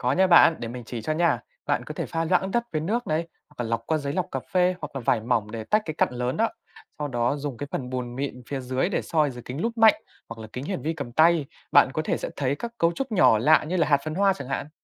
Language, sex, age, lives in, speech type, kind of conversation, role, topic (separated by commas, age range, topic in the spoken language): Vietnamese, male, 20-24, Vietnam, read, expert-assistant, expert, Làm sao để nhận biết dấu hiệu của hóa thạch khi đi dã ngoại hoặc khám phá thiên nhiên?